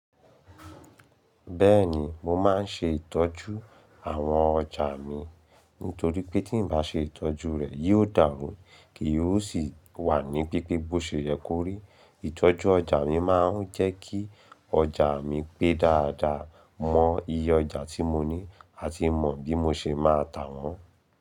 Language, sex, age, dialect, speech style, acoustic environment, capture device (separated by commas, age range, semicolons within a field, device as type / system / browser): Yoruba, male, 25-34, Nigeria - Ibadan, excited, silence, mobile / Linux / Chrome